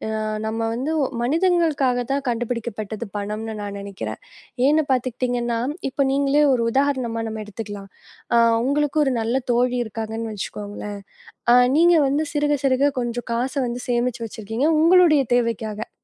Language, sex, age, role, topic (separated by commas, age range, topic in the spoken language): Tamil, female, 20-24, guest, பணம் மற்றும் சந்தோஷம் இடையில் சமநிலையை எப்படி வைத்துக்கொள்கிறீர்கள்?